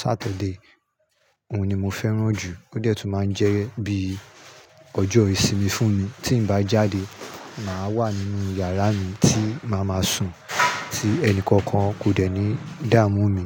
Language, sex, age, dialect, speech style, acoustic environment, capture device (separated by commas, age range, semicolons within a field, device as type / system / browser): Yoruba, male, 35-44, Nigeria - Nigerian Standard, happy; nervous; excited; relaxed, people talking; construction; nature, mobile / Linux / Chrome